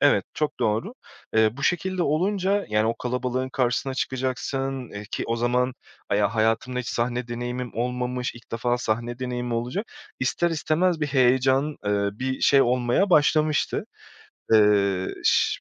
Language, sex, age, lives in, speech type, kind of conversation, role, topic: Turkish, male, 30-34, Portugal, conversation, podcast, guest, Hobi grupları veya topluluklarına katılmak deneyimini nasıl etkiledi?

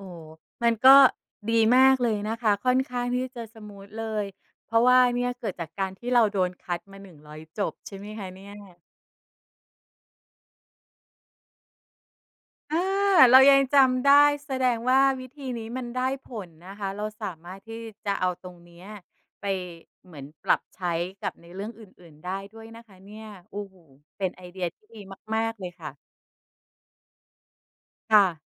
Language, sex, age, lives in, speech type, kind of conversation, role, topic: Thai, female, 45-49, Thailand, conversation, podcast, host, คุณเคยล้มเหลวในเรื่องอะไร และได้บทเรียนอะไรบ้าง?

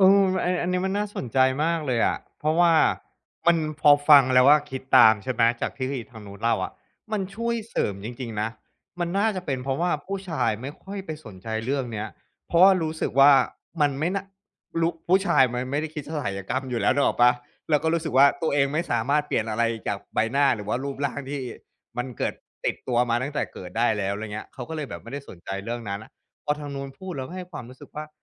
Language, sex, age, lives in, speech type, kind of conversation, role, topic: Thai, male, 35-39, Thailand, conversation, podcast, host, เสื้อผ้าเคยช่วยเพิ่มความมั่นใจให้คุณไหม?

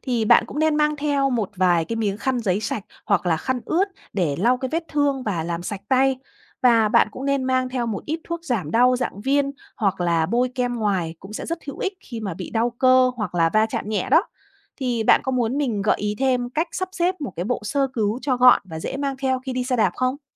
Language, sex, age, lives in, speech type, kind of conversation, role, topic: Vietnamese, female, 30-34, Vietnam, read, expert-assistant, expert, Làm thế nào mà xe đạp giữ được thăng bằng khi chạy?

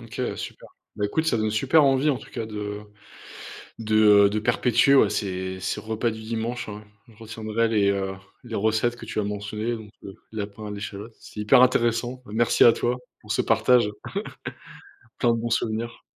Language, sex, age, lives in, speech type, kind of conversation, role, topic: French, male, 30-34, France, conversation, podcast, host, Peux-tu raconter un rituel du repas du dimanche dans ta famille ?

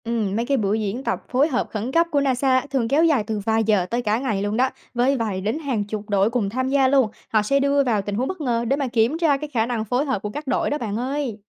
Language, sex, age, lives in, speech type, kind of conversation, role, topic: Vietnamese, female, 20-24, United States, read, expert-assistant, expert, Các tổ chức vũ trụ thường lên kế hoạch cho những dự án khám phá không gian dài hạn như thế nào?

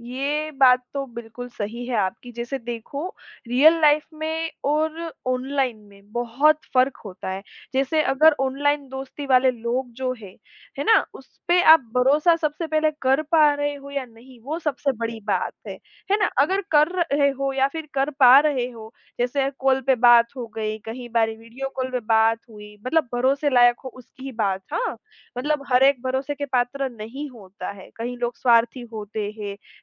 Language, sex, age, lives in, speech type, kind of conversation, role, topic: Hindi, female, 25-29, India, conversation, podcast, guest, ऑनलाइन दोस्ती और असली दोस्ती में क्या फर्क होता है?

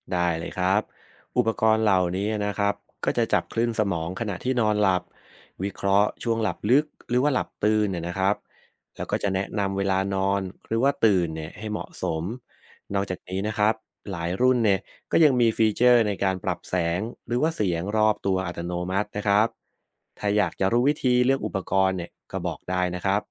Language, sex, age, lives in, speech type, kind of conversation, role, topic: Thai, male, 30-34, Thailand, read, expert-assistant, expert, ถ้าเราจะออกแบบระบบการนอนของมนุษย์ใหม่ให้เหมาะกับชีวิตสมัยใหม่มากขึ้น จะมีวิธีไหนบ้างที่สร้างสรรค์และน่าสนใจ?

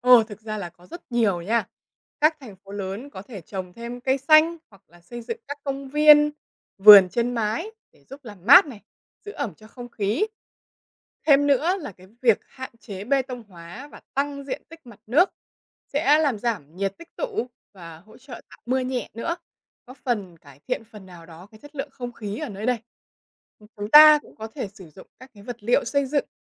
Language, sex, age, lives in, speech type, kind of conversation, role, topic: Vietnamese, female, 20-24, Vietnam, read, expert-assistant, expert, Vì sao có các loại mây khác nhau trên trời?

